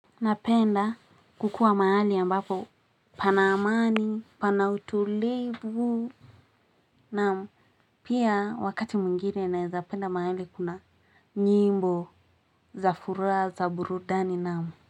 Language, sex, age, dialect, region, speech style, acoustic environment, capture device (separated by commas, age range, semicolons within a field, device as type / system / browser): Swahili, female, 18-24, Kenya - Nairobi Sheng-influenced, Kenya, happy; anxious, people talking; music; nature, mobile / Linux / Chrome